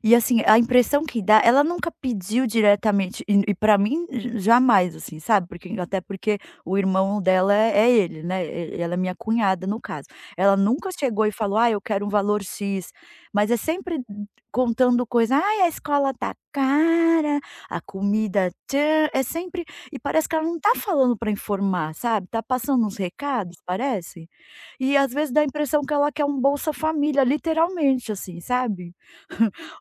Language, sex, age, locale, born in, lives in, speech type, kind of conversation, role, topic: Portuguese, female, 40-44, pt-BR, Brazil, United States, conversation, advice, user, Como foi a conversa sobre dinheiro entre parentes próximos, envolvendo empréstimos e ajuda financeira?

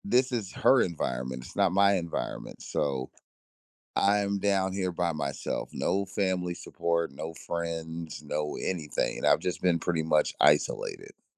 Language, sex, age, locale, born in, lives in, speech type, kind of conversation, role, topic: English, male, 40-44, en-US, United States, United States, conversation, advice, user, How can I stop feeling lonely and start reconnecting with people after a breakup?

